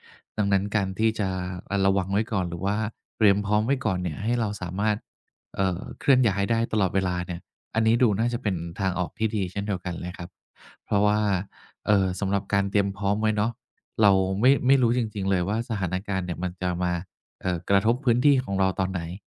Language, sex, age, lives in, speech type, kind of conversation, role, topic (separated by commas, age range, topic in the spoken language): Thai, male, 50-54, Thailand, conversation, advice, advisor, ทำอย่างไรเมื่อความกังวลก่อนนอนทำให้นอนไม่หลับ?